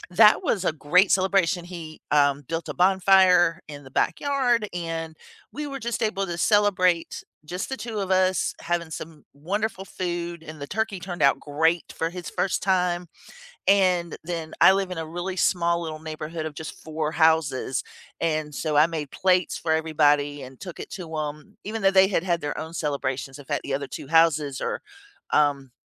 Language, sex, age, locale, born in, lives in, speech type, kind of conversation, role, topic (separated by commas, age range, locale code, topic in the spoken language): English, female, 50-54, en-US, United States, United States, conversation, unstructured, none, How do you like to celebrate holidays with your family?